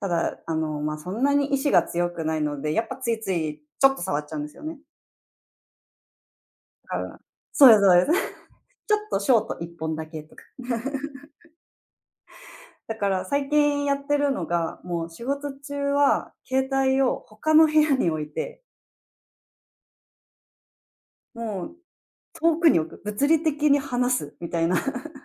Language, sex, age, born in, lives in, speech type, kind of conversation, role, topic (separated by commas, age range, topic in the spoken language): Japanese, female, 30-34, Japan, Japan, conversation, podcast, guest, 最近、スマホの使い方で気をつけていることはありますか？